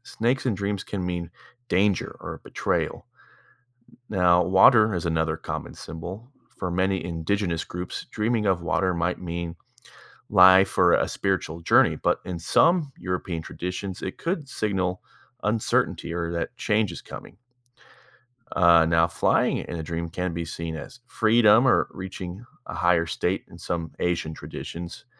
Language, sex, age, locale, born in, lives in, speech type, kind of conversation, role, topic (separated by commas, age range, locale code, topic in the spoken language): English, male, 30-34, en-US, United States, United States, read, expert-assistant, expert, How do different cultures interpret dream meanings?